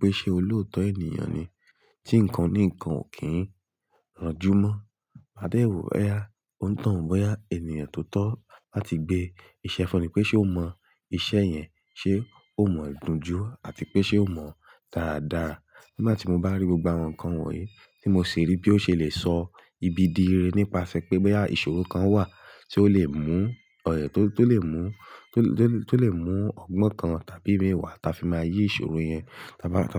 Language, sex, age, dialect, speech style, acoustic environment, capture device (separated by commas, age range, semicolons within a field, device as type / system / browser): Yoruba, male, 18-24, Nigeria - Ibadan, relaxed; focused, silence, mobile / Linux / Chrome